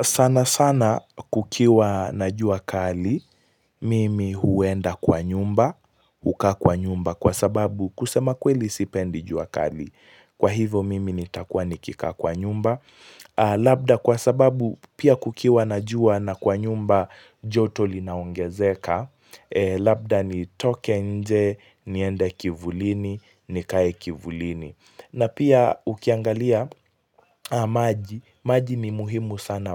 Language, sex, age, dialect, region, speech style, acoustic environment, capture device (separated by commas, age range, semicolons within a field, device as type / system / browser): Swahili, male, 18-24, Kenya - Mombasa, Kenya, focused, silence, mobile / Linux / Chrome